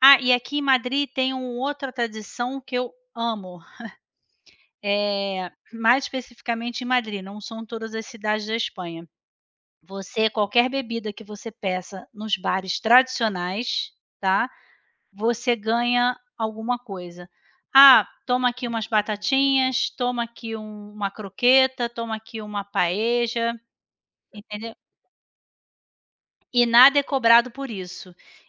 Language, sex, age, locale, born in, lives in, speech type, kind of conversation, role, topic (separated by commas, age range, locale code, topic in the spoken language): Portuguese, female, 40-44, pt-BR, Brazil, Spain, conversation, unstructured, none, Qual é a tradição local que mais te encanta?